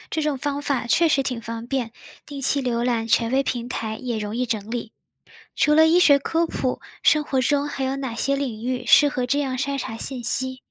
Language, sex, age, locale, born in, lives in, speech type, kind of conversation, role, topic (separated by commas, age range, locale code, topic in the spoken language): Chinese, female, 20-24, zh-CN, China, United States, read, expert-assistant, asker, 有时候听到热门医学专科的“新发现”，我们应该如何查证这些信息是否准确？